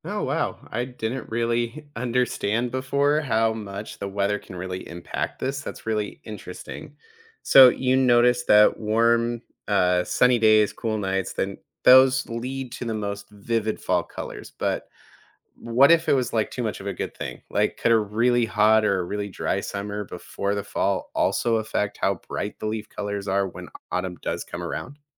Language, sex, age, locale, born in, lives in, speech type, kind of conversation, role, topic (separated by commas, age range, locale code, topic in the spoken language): English, male, 30-34, en-US, United States, United States, read, expert-assistant, asker, Why do leaves change color in autumn?